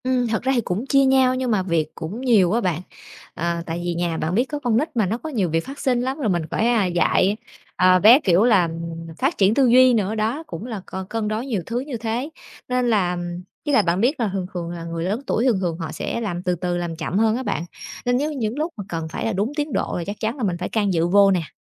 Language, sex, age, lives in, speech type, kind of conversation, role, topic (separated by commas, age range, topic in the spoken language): Vietnamese, female, 30-34, Vietnam, conversation, advice, user, Làm thế nào để sắp xếp lịch tập luyện không bị xung đột với công việc và gia đình?